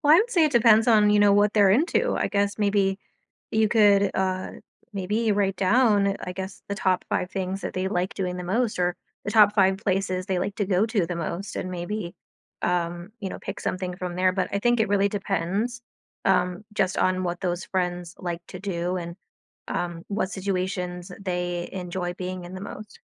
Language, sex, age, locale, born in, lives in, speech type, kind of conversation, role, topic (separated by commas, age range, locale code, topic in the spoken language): English, female, 30-34, en-US, United States, United States, conversation, advice, advisor, How can I assert myself after failing to defend someone?